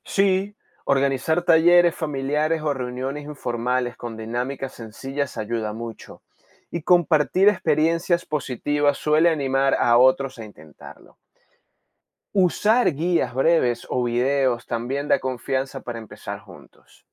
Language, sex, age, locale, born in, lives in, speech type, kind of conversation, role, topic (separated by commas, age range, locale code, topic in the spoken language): Spanish, male, 30-34, es-VE, Venezuela, Spain, read, expert-assistant, expert, ¿Por qué es importante enseñar inteligencia emocional y manejo del estrés desde la infancia?